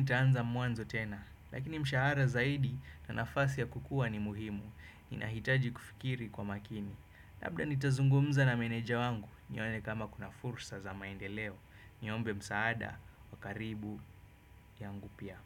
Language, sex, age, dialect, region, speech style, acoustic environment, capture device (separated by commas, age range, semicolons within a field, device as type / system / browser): Swahili, male, 25-34, Kenya - Nairobi Sheng-influenced, Kenya, happy; focused, nature; appliances, desktop / Windows / Chrome